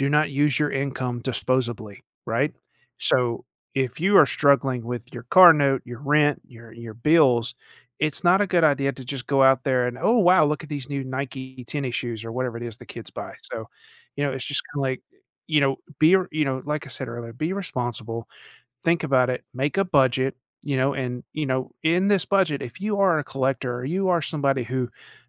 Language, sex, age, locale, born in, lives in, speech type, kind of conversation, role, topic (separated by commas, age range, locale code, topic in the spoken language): English, male, 40-44, en-US, United States, United States, conversation, podcast, guest, What are some effective ways to manage spending and make more mindful purchasing decisions?